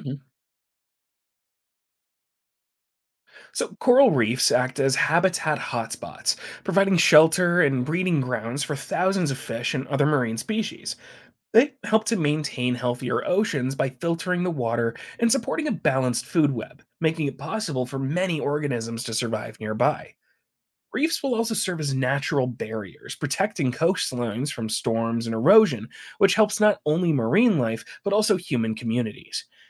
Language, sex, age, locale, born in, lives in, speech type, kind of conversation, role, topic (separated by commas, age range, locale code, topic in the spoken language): English, male, 25-29, en-US, United States, United States, read, expert-assistant, expert, How do coral reefs support ocean ecosystems?